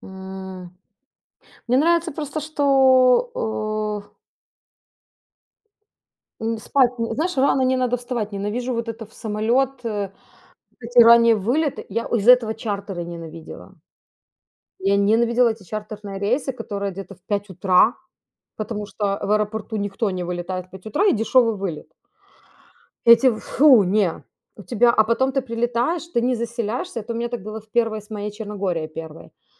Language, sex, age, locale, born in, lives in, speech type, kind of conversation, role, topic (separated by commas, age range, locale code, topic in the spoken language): Russian, female, 35-39, ru-RU, Ukraine, Italy, conversation, unstructured, none, Что тебя больше всего пугает в путешествиях?